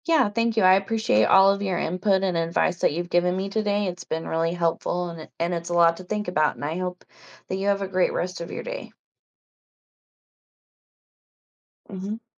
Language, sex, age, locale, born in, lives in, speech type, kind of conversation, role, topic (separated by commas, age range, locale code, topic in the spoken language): English, female, 30-34, en-US, United States, United States, conversation, advice, user, How can I resolve a disagreement with a close friend and repair our relationship?